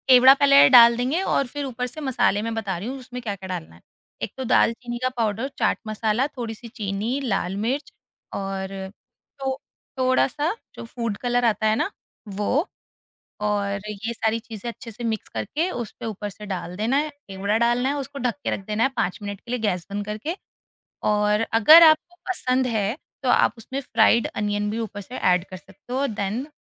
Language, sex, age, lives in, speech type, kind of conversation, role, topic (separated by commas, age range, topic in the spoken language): Hindi, female, 30-34, India, conversation, podcast, guest, Apne culture ki kaunsi comfort food sabko zaroor pata honi chahiye?